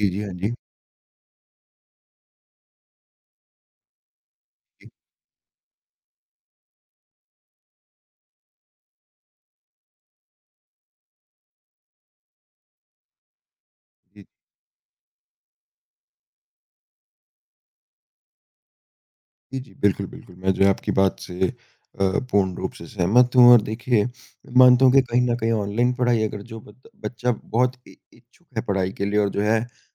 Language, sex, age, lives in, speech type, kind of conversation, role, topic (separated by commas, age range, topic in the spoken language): Hindi, male, 20-24, India, conversation, unstructured, none, ऑनलाइन पढ़ाई के फायदे और नुकसान क्या हैं?